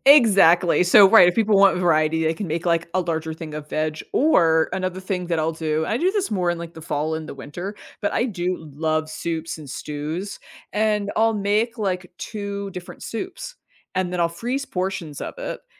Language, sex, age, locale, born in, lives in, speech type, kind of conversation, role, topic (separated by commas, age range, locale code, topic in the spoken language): English, female, 40-44, en-US, United States, United States, conversation, podcast, guest, What are some practical steps anyone can take to start eating healthier every day?